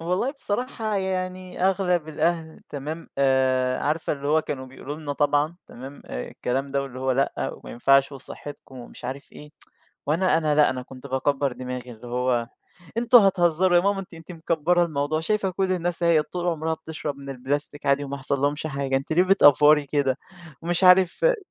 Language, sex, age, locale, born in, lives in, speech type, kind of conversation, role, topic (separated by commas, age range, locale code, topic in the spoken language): Arabic, male, 20-24, ar-EG, Egypt, Egypt, conversation, podcast, guest, تحكيلي عن مرة قلتي فيها لأ للبلاستيك وما ندمتيش؟